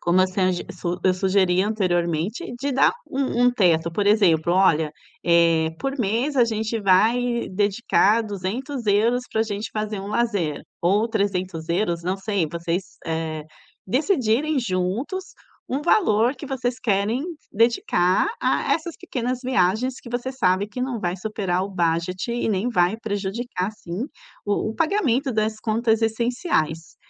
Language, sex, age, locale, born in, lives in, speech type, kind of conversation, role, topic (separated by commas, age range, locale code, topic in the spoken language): Portuguese, female, 45-49, pt-BR, Brazil, Italy, conversation, advice, advisor, Como lidar com a culpa de gastar com lazer mesmo tendo dívidas?